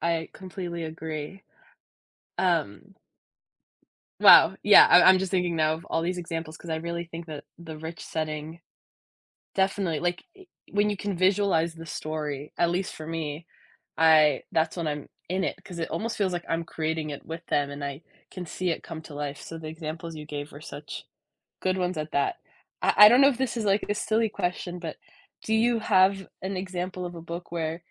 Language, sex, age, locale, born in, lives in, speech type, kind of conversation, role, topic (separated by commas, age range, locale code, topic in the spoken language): English, female, 20-24, en-US, United States, United States, conversation, podcast, host, What draws readers into a story and keeps them engaged?